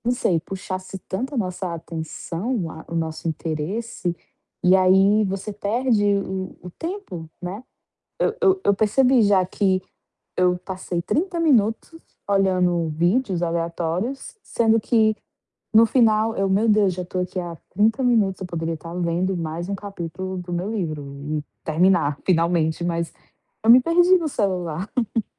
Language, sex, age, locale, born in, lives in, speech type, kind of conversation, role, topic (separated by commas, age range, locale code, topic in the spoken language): Portuguese, female, 25-29, pt-BR, Brazil, Portugal, conversation, advice, user, Como posso manter o foco durante longas sessões de trabalho ou estudo?